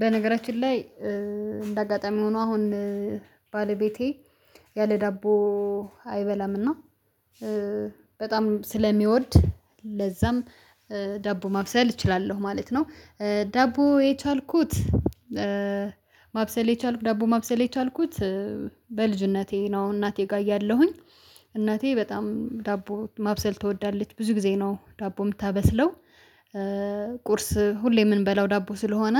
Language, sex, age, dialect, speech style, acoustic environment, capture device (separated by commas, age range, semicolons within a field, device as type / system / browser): Amharic, female, 25-34, Ethiopia - Addis Ababa, happy, silence, mobile / Linux / Chrome